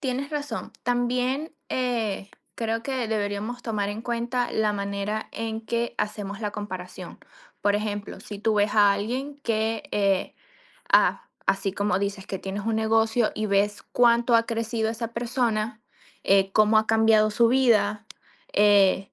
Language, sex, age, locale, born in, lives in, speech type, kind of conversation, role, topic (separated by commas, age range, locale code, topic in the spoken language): Spanish, female, 30-34, es-VE, Venezuela, United States, conversation, unstructured, none, ¿Deberíamos limitar el tiempo que pasamos en redes sociales?